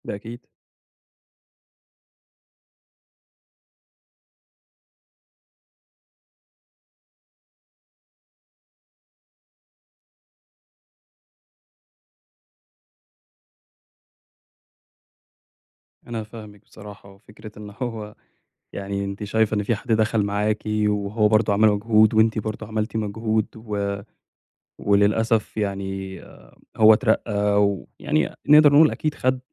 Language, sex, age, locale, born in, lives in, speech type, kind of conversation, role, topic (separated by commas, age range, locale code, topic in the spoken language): Arabic, male, 25-29, ar-EG, Egypt, Egypt, conversation, advice, advisor, إزاي أطلب ترقية أو زيادة في المرتب؟